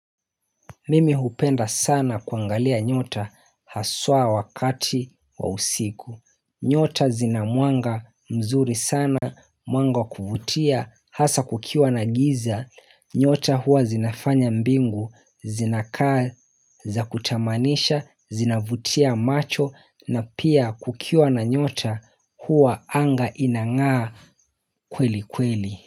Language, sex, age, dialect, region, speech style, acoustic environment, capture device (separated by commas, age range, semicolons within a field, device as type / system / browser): Swahili, male, 25-34, Kenya - Mombasa, Kenya, happy; relaxed; focused, nature; silence, mobile / Linux / Chrome